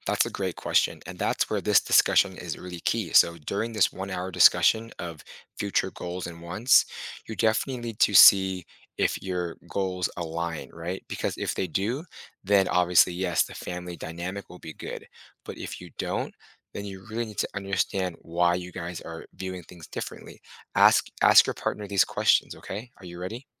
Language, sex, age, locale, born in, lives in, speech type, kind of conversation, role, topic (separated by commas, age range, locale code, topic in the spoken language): English, male, 30-34, en-US, United States, United States, conversation, advice, advisor, How do I reconnect with my partner and keep our relationship feeling romantic despite years together and busy schedules?